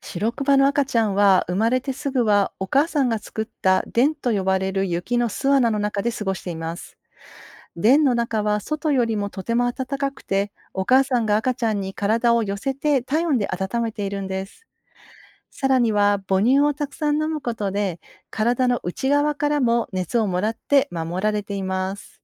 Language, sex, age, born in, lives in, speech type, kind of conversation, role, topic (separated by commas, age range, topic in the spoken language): Japanese, female, 50-54, Japan, Japan, read, expert-assistant, expert, なぜシロクマの毛は白いのでしょうか？